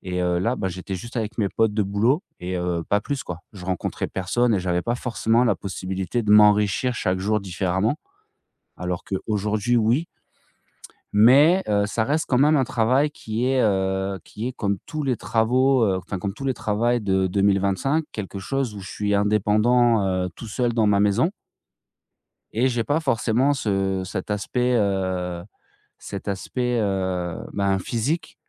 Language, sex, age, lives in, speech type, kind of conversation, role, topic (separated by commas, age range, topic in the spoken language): French, male, 40-44, France, conversation, advice, user, Comment faire le premier pas pour parler à quelqu’un quand j’hésite, et par où commencer ?